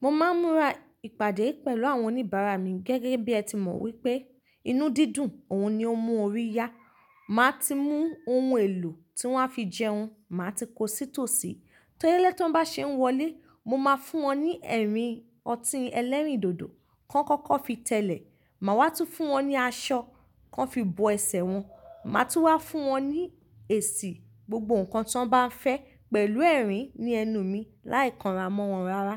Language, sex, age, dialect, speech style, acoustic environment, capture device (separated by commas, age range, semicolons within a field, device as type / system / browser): Yoruba, female, 25-34, Nigeria - Ibadan, relaxed; focused, nature; silence; appliances, mobile / Linux / Chrome